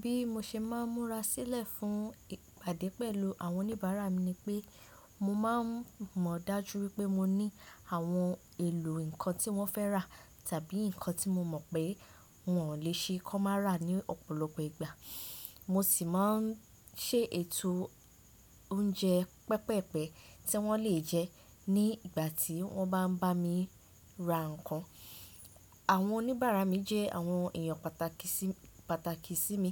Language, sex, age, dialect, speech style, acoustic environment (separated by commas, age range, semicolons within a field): Yoruba, female, 18-24, Nigeria - Ibadan, relaxed, nature